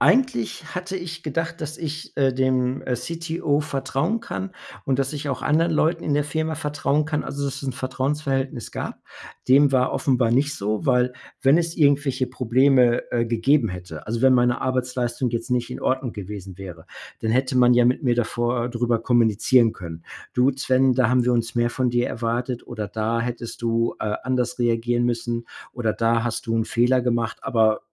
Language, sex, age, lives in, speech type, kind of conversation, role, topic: German, male, 45-49, United States, conversation, podcast, guest, Wie gehst du mit Unehrlichkeit im Alltag um?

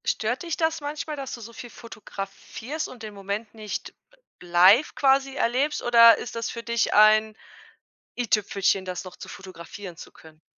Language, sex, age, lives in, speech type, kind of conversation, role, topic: German, female, 35-39, Germany, conversation, podcast, host, Wie hast du das Fotografieren mit dem Smartphone verbessert?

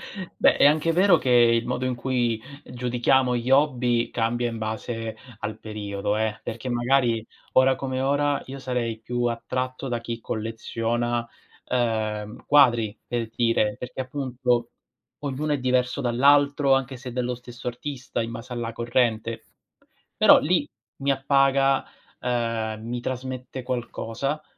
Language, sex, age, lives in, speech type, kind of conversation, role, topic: Italian, male, 30-34, Italy, conversation, unstructured, none, Cosa ti fa pensare che il collezionismo sia un passatempo inutile?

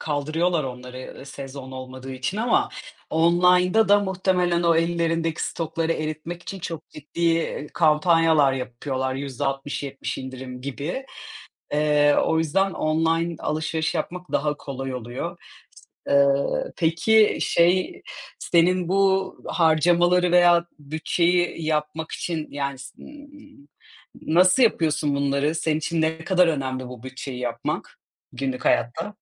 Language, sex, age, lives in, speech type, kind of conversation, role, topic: Turkish, female, 30-34, Portugal, conversation, unstructured, none, Para biriktirmek için günlük hayatında yaptığın küçük değişiklikler nelerdir?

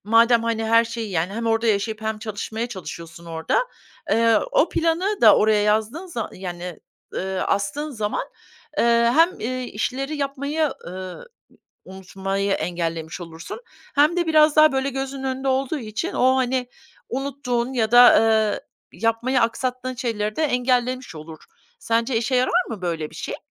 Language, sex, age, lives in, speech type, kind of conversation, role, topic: Turkish, female, 50-54, United States, conversation, advice, advisor, Çalışma alanımdaki düzensizlik ve dağınıklık odaklanmamı engelliyorsa bunu nasıl düzeltebilirim?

